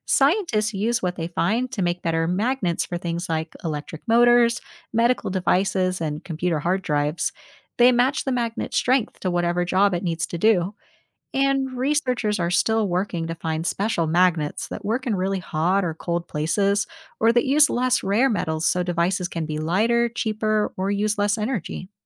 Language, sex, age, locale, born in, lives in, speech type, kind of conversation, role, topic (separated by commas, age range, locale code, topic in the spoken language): English, female, 30-34, en-US, United States, United States, read, expert-assistant, expert, What makes magnets attract or repel each other?